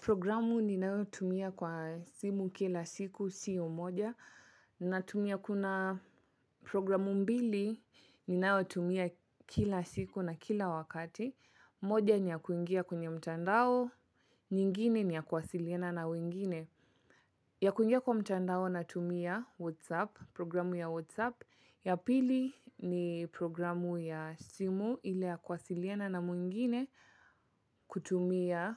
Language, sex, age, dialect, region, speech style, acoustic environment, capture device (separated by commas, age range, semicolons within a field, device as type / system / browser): Swahili, female, 25-34, Kenya - Mombasa, Kenya, nervous, people talking, mobile / Linux / Chrome